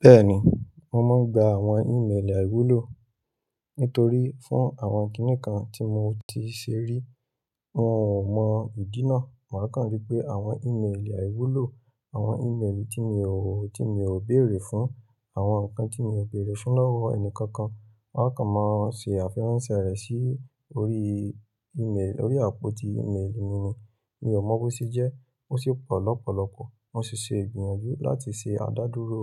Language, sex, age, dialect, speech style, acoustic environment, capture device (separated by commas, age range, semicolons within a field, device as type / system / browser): Yoruba, male, 25-34, Nigeria - Ibadan, happy, traffic, mobile / Linux / Chrome